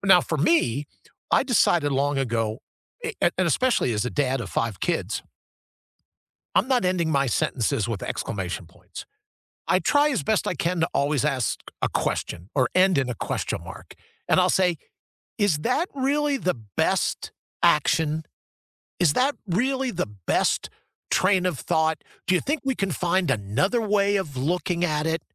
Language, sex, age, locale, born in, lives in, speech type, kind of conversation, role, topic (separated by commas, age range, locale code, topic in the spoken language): English, male, 60-64, en-US, United States, United States, conversation, unstructured, none, Have you ever had to convince someone to accept your point of view?